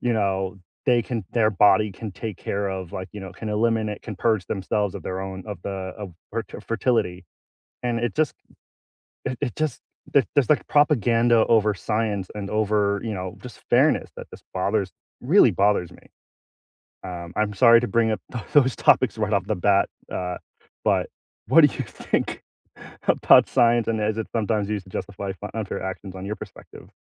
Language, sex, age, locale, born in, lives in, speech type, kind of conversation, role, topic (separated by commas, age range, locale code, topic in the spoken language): English, male, 35-39, en-US, United States, United States, conversation, unstructured, none, Do you think science is sometimes used to justify unfair actions?